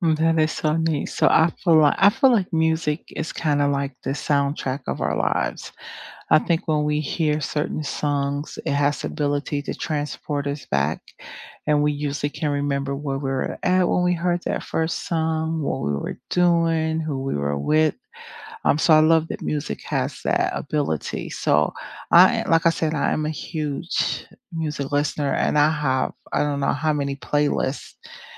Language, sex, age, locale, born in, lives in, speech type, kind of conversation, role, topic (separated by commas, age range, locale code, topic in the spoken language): English, female, 50-54, en-US, United States, United States, conversation, unstructured, none, What music, podcasts, or sounds lift your spirits?